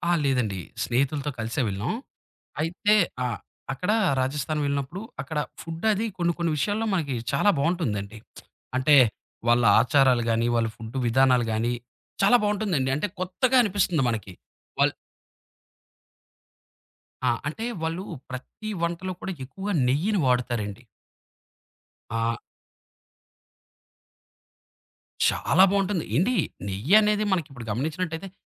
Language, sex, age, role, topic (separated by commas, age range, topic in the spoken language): Telugu, male, 30-34, guest, స్థానిక ఆహారాన్ని తొలిసారి ప్రయత్నించేటప్పుడు మీరు పాటించే నియమాలు ఏమిటి?